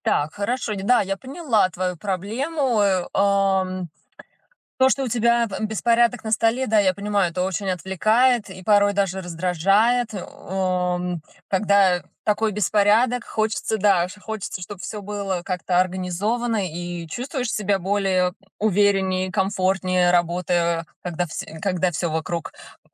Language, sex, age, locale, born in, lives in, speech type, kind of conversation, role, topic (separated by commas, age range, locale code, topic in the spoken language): Russian, female, 35-39, ru-RU, Russia, United States, conversation, advice, advisor, Как мне изменить рабочее пространство и ритуалы, чтобы легче генерировать идеи?